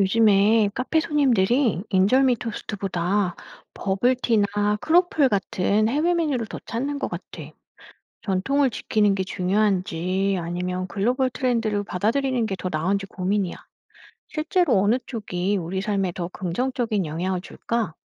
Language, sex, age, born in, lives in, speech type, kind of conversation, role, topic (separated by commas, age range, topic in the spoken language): Korean, female, 45-49, South Korea, France, read, expert-assistant, asker, 세계화와 지역문화: 지역 전통을 지키는 것과 글로벌 문화를 받아들이는 것 중 어느 쪽이 더 우리 삶에 긍정적일까요?